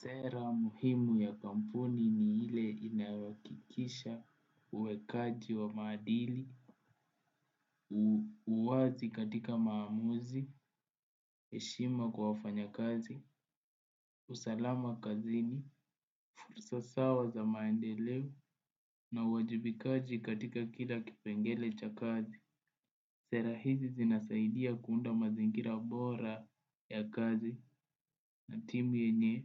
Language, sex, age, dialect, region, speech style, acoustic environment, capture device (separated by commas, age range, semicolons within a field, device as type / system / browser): Swahili, male, 18-24, Kenya - Nairobi Sheng-influenced, Kenya, focused, appliances, desktop / Windows / Chrome